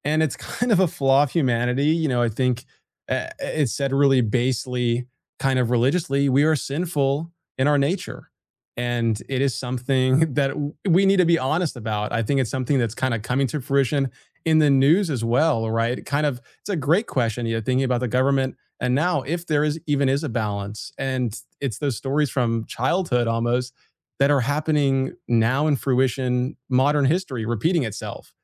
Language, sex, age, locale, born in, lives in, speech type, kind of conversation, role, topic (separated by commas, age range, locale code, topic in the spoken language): English, male, 20-24, en-US, United States, United States, conversation, unstructured, none, How do you balance fairness, freedom, and responsibility to strengthen a connection?